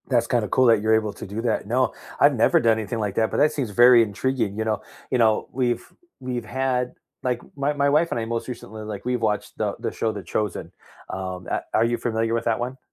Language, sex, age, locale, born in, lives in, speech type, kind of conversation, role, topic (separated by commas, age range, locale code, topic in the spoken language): English, male, 45-49, en-US, United States, United States, conversation, unstructured, none, What comfort TV shows do you rewatch when life gets hectic?